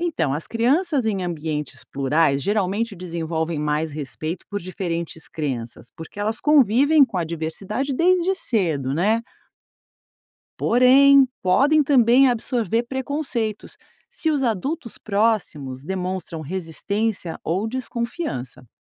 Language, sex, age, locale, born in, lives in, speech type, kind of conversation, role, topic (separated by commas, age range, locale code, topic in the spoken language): Portuguese, female, 50-54, pt-BR, Brazil, United States, read, expert-assistant, expert, Como seria o mundo se as pessoas seguissem uma mistura das principais religiões em vez de escolher só uma?